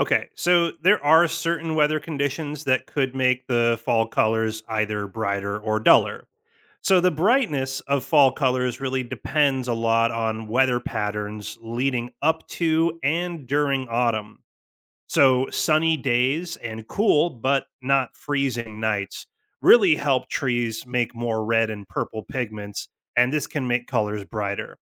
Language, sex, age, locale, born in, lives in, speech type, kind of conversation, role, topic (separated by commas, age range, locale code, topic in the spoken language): English, male, 35-39, en-US, United States, United States, read, expert-assistant, expert, Why do leaves change color in the fall?